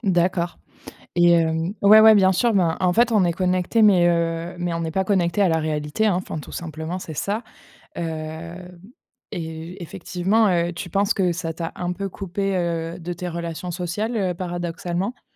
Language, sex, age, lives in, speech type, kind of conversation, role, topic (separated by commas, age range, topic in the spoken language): French, female, 25-29, France, conversation, podcast, host, Quel est ton rapport aux réseaux sociaux au quotidien ?